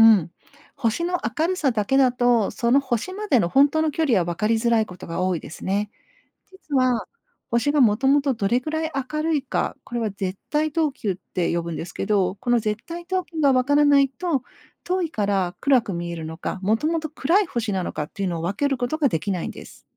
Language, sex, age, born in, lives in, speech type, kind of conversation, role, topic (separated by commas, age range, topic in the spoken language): Japanese, female, 50-54, Japan, Japan, read, expert-assistant, expert, なぜ夜空の星は色が違うのでしょうか？